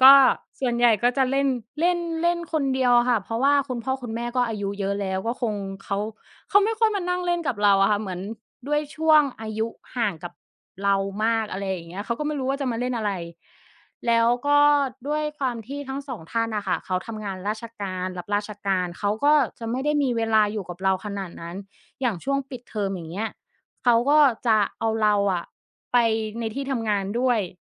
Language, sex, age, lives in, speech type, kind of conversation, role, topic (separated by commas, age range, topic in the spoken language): Thai, female, 30-34, Thailand, conversation, podcast, guest, เวลาที่อยู่บ้านคนเดียว คุณชอบทำอะไรให้รู้สึกมีความสุข?